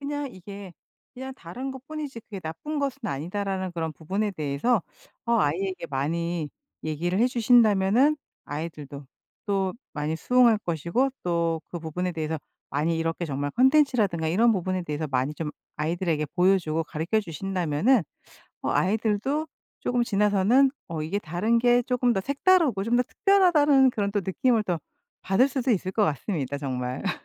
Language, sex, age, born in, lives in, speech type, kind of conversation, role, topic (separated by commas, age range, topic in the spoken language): Korean, female, 50-54, South Korea, United States, conversation, podcast, host, 아이들에게 자신의 문화를 어떻게 전하고 싶으신가요?